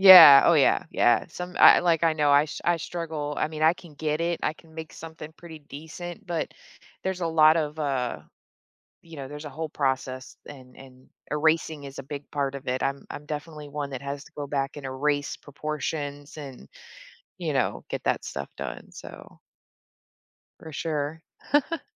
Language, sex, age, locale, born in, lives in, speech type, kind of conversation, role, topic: English, female, 35-39, en-US, Germany, United States, conversation, podcast, guest, What role do hobbies play in shaping who we are and what we enjoy?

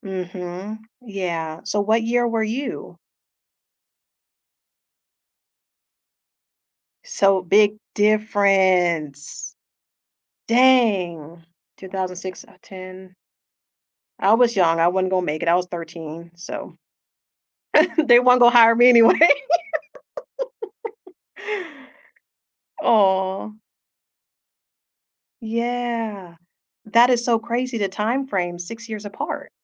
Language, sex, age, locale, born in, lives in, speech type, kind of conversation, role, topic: English, female, 30-34, en-US, United States, United States, conversation, unstructured, none, What is the strangest job you have ever had, and what did you learn from it?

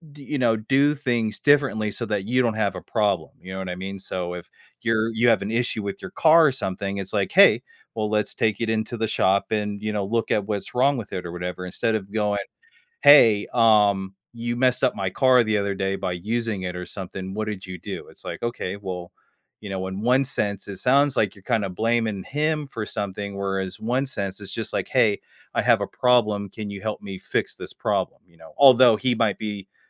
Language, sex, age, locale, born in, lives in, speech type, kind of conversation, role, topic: English, male, 30-34, en-US, United States, United States, conversation, advice, advisor, How do I start honest conversations with my partner?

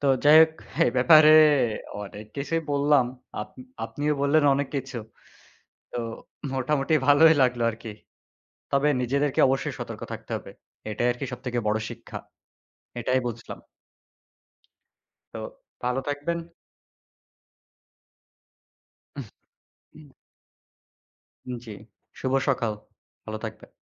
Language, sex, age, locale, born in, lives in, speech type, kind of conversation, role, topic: Bengali, male, 25-29, bn-BD, Bangladesh, Bangladesh, conversation, unstructured, none, আপনার জীবনে সবচেয়ে বড় শিক্ষা কী ছিল?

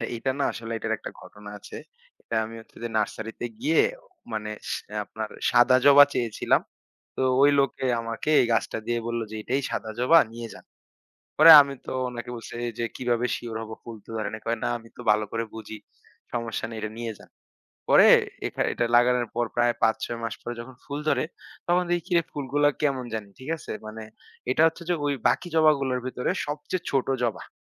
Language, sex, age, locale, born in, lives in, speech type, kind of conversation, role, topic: Bengali, male, 25-29, bn-BD, Bangladesh, Bangladesh, conversation, podcast, guest, এই শখটা তোমার জীবনকে কীভাবে বদলে দিয়েছে?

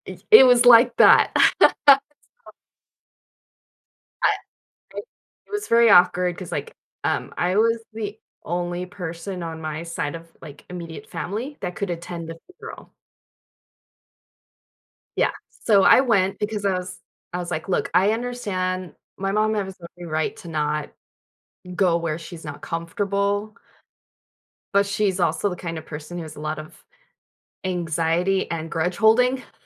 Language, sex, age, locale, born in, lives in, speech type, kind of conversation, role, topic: English, female, 40-44, en-US, United States, United States, conversation, advice, user, How can I set boundaries with my family without causing conflict?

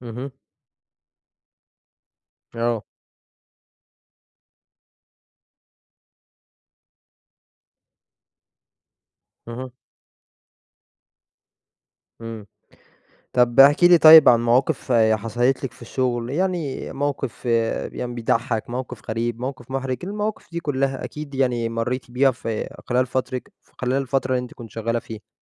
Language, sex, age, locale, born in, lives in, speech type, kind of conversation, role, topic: Arabic, male, 20-24, ar-EG, Egypt, Egypt, conversation, podcast, host, احكيلي عن أول شغلانة اشتغلتها؟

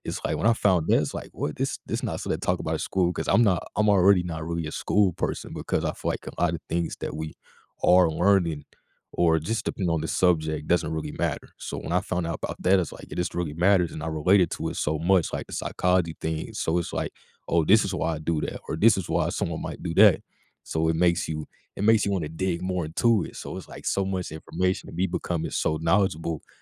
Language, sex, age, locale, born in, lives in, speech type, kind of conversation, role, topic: English, male, 20-24, en-US, United States, United States, conversation, podcast, guest, In what ways has technology transformed the way we access and experience education?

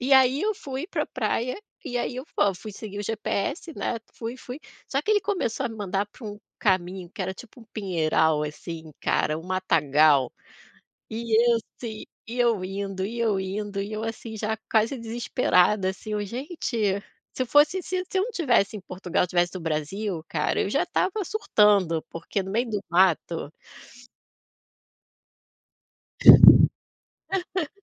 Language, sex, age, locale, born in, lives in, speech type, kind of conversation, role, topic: Portuguese, female, 40-44, pt-BR, Brazil, Portugal, conversation, podcast, guest, Qual foi o maior perrengue que você já passou viajando sozinho?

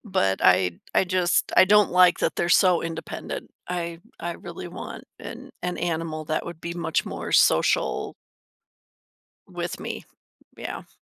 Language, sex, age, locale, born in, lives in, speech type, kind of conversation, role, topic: English, female, 50-54, en-US, United States, United States, conversation, advice, user, How can I cope with holiday loneliness?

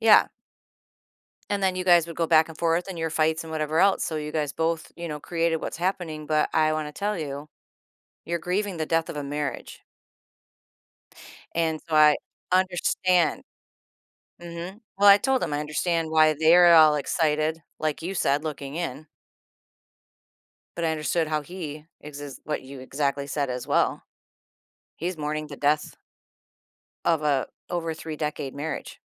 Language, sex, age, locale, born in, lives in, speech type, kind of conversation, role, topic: English, female, 50-54, en-US, United States, United States, conversation, podcast, guest, What are meaningful ways to support a friend who is grieving?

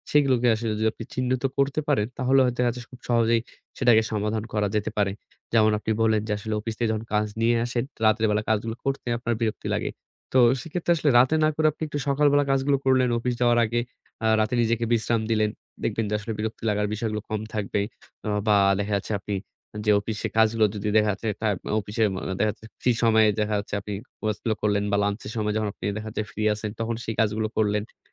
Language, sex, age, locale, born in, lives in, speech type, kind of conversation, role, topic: Bengali, male, 25-29, bn-BD, Bangladesh, Bangladesh, conversation, advice, advisor, প্রতিদিন বিরক্তি কমিয়ে কীভাবে কাজের কার্যক্ষমতা বাড়ানো যায়?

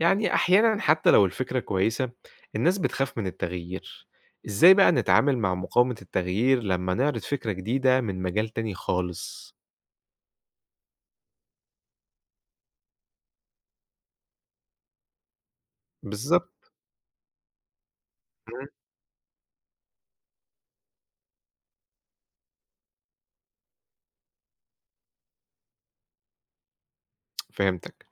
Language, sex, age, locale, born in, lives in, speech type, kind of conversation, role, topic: Arabic, male, 25-29, ar-EG, Egypt, Egypt, read, expert-assistant, asker, إزاي ممكن نستخدم أفكار من مجالات تانية ونطبّقها في البزنس بتاعنا؟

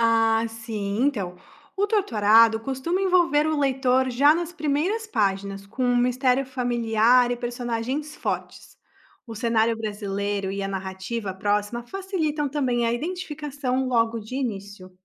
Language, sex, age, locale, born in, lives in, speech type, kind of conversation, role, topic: Portuguese, female, 25-29, pt-BR, Brazil, Italy, read, expert-assistant, expert, Se você pudesse recomendar só três romances contemporâneos para alguém que quer entender o mundo de hoje, quais escolheria?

